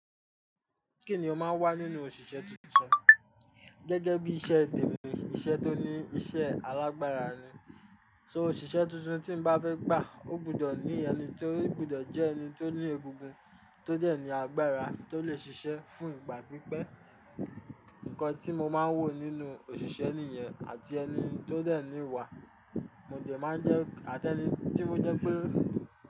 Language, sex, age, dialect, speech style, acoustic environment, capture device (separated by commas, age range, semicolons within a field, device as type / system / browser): Yoruba, male, 25-34, Nigeria - Ijebu, tired, construction, mobile / Linux / Chrome